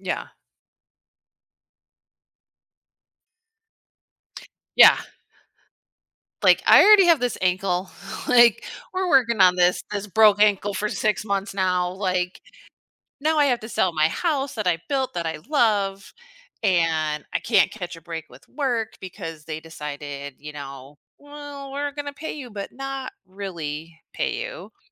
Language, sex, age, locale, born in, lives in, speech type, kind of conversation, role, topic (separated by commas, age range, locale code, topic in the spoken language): English, female, 40-44, en-US, United States, United States, conversation, advice, advisor, How can I manage anxiety about starting high school?